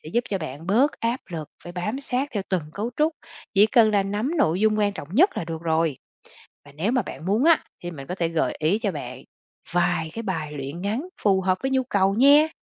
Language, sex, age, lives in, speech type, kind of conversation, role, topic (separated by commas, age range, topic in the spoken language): Vietnamese, female, 40-44, Vietnam, read, expert-assistant, expert, Làm sao so sánh vị trí trạng từ, đại từ trong câu giữa các ngôn ngữ một cách dễ nhớ?